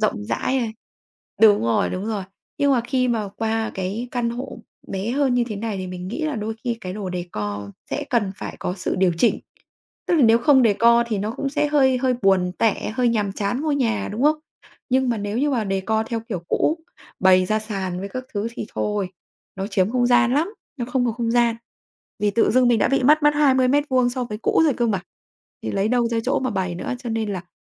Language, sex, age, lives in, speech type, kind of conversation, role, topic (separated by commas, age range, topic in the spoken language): Vietnamese, female, 35-39, Vietnam, conversation, podcast, guest, Bạn có những mẹo nào để sắp xếp, tổ chức nhà cửa khi không gian chật hẹp?